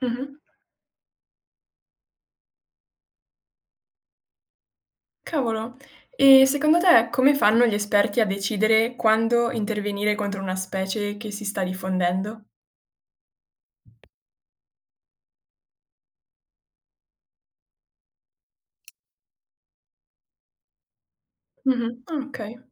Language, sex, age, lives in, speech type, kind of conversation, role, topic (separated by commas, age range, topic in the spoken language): Italian, female, 18-19, Italy, read, expert-assistant, asker, Quando sento parlare di ‘specie invasive’, cosa significa esattamente? Perché ci sono opinioni diverse su come gestirle?